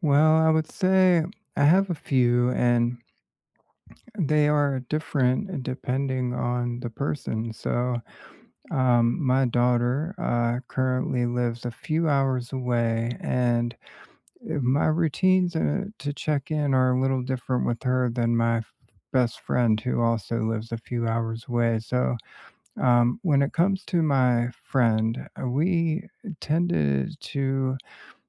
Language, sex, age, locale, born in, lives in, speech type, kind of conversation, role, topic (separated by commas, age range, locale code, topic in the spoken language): English, male, 40-44, en-US, United States, United States, conversation, unstructured, none, What routines, rituals, and check-ins help you keep long-distance relationships strong and connected over time?